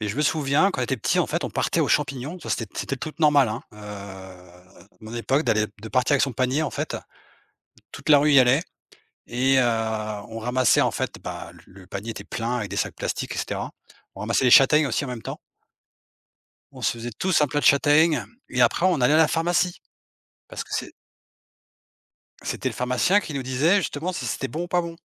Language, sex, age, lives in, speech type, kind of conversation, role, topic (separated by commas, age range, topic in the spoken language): French, male, 45-49, Portugal, conversation, unstructured, none, As-tu un souvenir heureux lié à une promenade en forêt ?